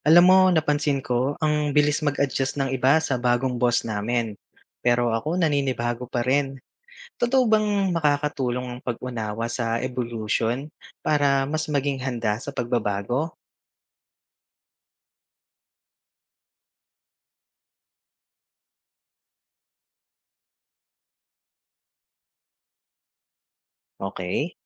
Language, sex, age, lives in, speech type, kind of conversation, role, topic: Filipino, male, 35-39, Philippines, read, expert-assistant, asker, Paano makakatulong ang pag-unawa sa ebolusyon sa pagharap ko sa pagbabago sa buhay?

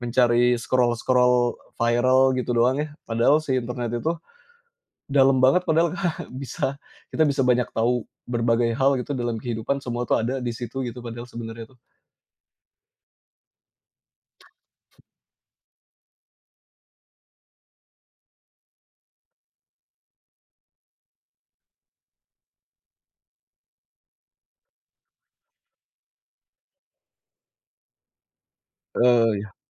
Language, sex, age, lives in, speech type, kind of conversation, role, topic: Indonesian, male, 35-39, Indonesia, conversation, podcast, guest, Bagaimana kamu menjaga rasa ingin tahu tetap hidup?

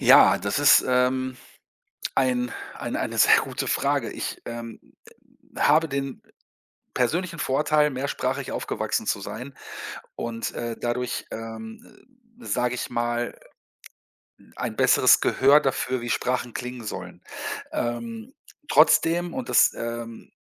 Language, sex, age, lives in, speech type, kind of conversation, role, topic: German, male, 50-54, Germany, conversation, podcast, guest, Wie lernst du am besten eine neue Sprache?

